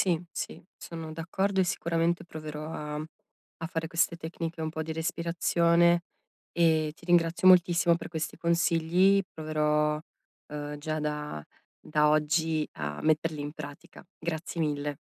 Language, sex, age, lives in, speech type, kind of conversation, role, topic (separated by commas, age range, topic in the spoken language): Italian, female, 35-39, Italy, conversation, advice, user, Come posso calmarmi subito quando mi sento sopraffatto o molto arrabbiato?